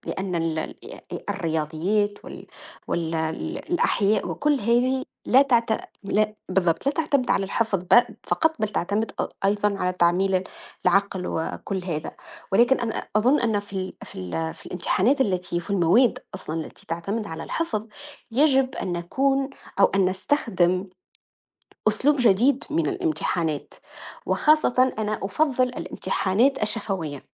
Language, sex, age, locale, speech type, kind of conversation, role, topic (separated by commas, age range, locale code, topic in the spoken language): Arabic, female, 30-34, ar-TN, conversation, unstructured, none, هل إنت شايف إن الامتحانات بتعكس مهارات الطالب الحقيقية؟